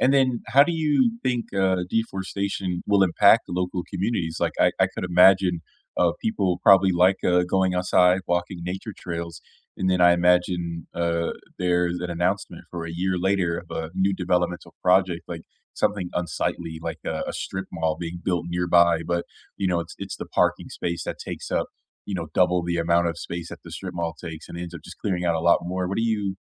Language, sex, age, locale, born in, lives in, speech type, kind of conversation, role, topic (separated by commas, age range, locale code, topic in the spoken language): English, male, 25-29, en-US, United States, United States, conversation, unstructured, none, How does deforestation affect animals and people?